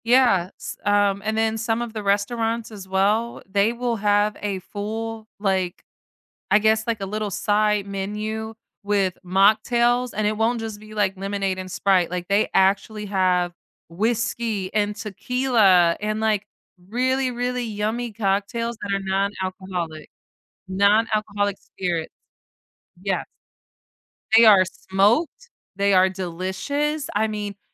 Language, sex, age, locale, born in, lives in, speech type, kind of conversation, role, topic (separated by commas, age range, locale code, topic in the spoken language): English, female, 30-34, en-US, United States, United States, conversation, advice, advisor, How can I reach out to an old friend when I'm feeling nostalgic?